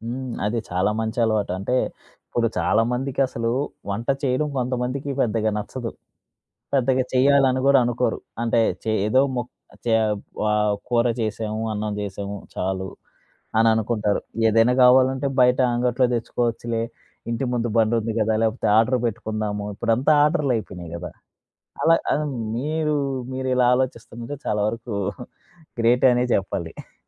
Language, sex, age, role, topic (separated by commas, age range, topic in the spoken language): Telugu, male, 25-29, host, మీ కుటుంబం అతిథులను సాధారణంగా ఎలా ఆహ్వానిస్తుంది?